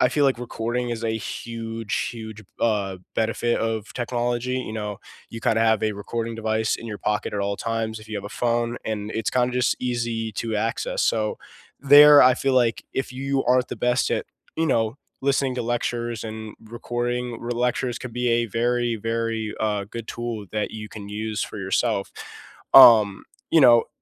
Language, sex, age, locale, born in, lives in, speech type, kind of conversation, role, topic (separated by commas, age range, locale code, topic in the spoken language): English, male, 18-19, en-US, United States, United States, conversation, unstructured, none, How has classroom technology helped or hurt your learning?